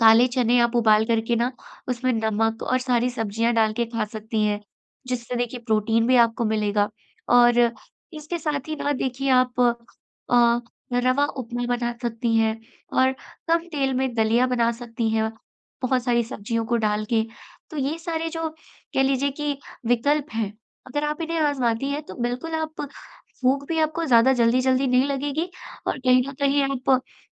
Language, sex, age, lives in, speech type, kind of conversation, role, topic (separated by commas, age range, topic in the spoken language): Hindi, female, 20-24, India, conversation, advice, advisor, मैं अपनी अनियंत्रित स्नैकिंग की आदत कैसे कम कर सकता/सकती हूँ?